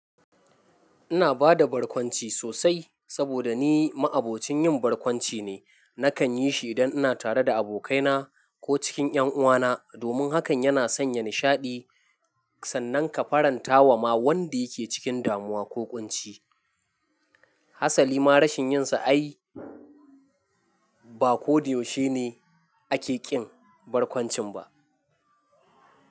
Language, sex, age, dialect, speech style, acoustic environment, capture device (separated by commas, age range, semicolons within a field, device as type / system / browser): Hausa, male, 25-34, Nigeria - Nigerian Standard, happy, silence, mobile / Linux / Chrome